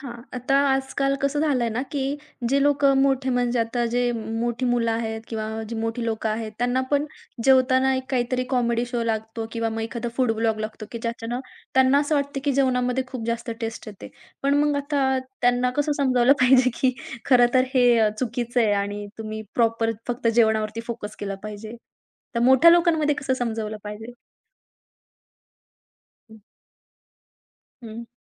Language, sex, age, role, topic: Marathi, female, 20-24, host, खाण्यापिण्यात सजगता कशी आणावी?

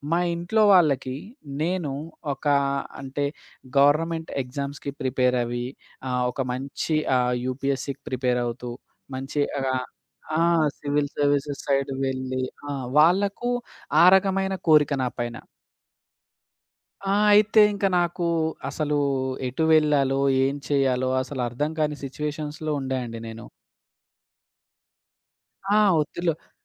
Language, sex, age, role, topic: Telugu, male, 25-29, guest, పెద్దల ఆశలు మీ వ్యక్తిగత లక్ష్యాలను మార్చాయా?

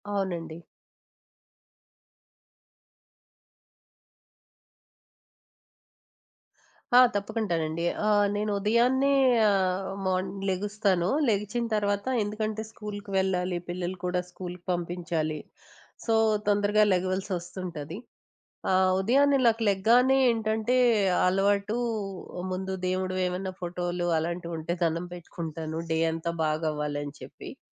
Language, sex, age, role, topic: Telugu, female, 20-24, guest, మీరు సాధారణంగా ఉదయాన్నే చేసే రోజువారీ అలవాట్ల గురించి వివరించగలరా?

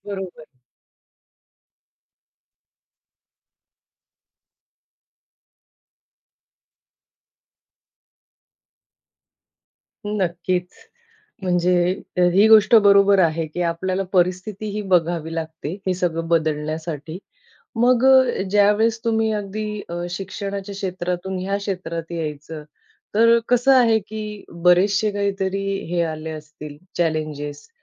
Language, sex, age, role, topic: Marathi, female, 40-44, host, करिअर बदलताना मानसिक ताण तुम्ही कसा हाताळता?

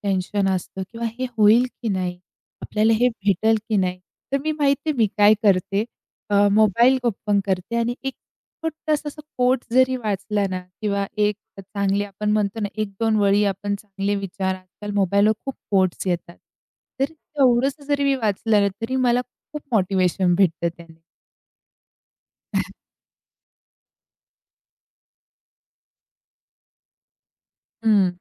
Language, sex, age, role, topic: Marathi, female, 45-49, guest, दैनंदिन आयुष्यात मन शांत ठेवण्यासाठी तुम्ही काय करता?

